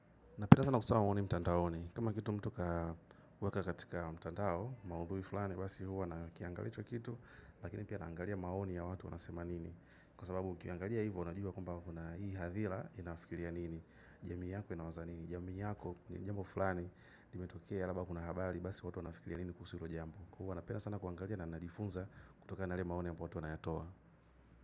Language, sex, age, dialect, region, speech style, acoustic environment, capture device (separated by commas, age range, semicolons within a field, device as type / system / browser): Swahili, male, 25-34, Tanzania - Dar es Salaam, Tanzania, happy; excited; focused; relaxed, silence, mobile / macOS / Safari